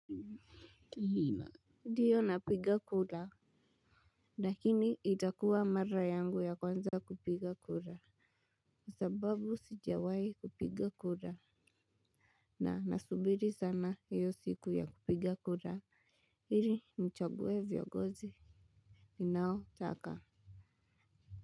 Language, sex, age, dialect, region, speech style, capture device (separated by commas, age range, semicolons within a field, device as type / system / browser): Swahili, male, 18-24, Kenya - Nairobi Sheng-influenced, Kenya, excited, mobile / Linux / Chrome